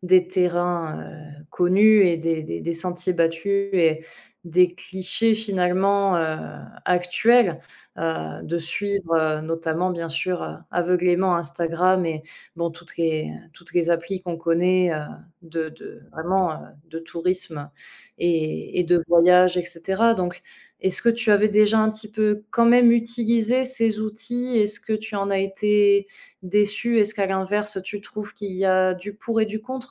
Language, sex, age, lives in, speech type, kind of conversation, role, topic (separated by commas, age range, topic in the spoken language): French, female, 25-29, France, conversation, podcast, host, As-tu une astuce pour visiter un lieu sans être entouré de touristes ?